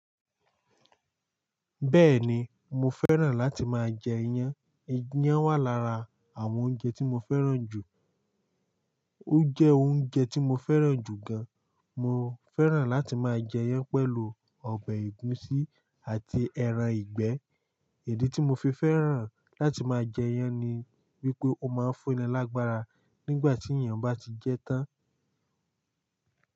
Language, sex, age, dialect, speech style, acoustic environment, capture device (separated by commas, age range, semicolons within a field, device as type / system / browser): Yoruba, male, 18-24, Nigeria - Lagos Yoruba, excited, silence, mobile / Linux / Chrome